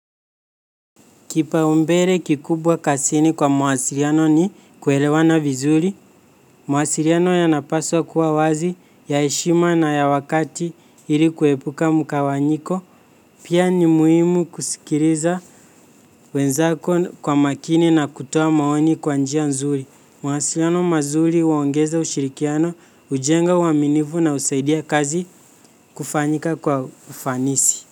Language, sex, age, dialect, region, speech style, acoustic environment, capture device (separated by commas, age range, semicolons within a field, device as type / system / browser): Swahili, male, 18-24, Kenya - Nairobi Sheng-influenced, Kenya, focused; relaxed, nature; silence, mobile / Linux / Chrome